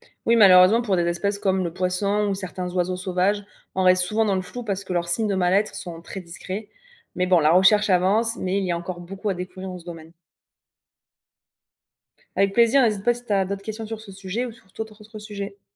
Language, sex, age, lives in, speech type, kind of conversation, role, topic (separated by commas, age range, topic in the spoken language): French, female, 25-29, France, read, expert-assistant, expert, Est-ce qu’on peut vraiment dire qu’un animal d’élevage est heureux ? Qu’est-ce que ça voudrait dire ?